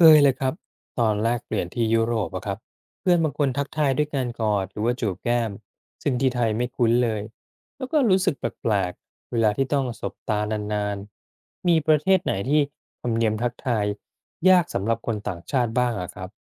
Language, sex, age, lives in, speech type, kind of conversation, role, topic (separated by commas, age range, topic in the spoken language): Thai, male, 40-44, Thailand, read, expert-assistant, asker, ถ้าภาษาไทยไม่มีระดับภาษา เช่น คำสุภาพหรือสรรพนามที่แสดงความเคารพ สังคมไทยจะเปลี่ยนไปอย่างไรบ้าง?